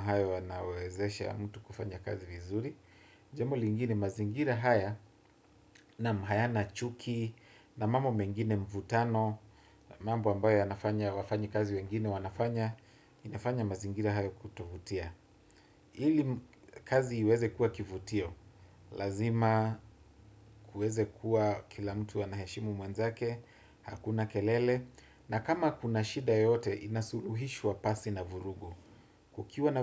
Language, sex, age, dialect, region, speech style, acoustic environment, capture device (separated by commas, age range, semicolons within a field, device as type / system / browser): Swahili, male, 25-34, Kenya - Nairobi Sheng-influenced, Kenya, happy; relaxed; focused; excited, silence, desktop / Windows / Chrome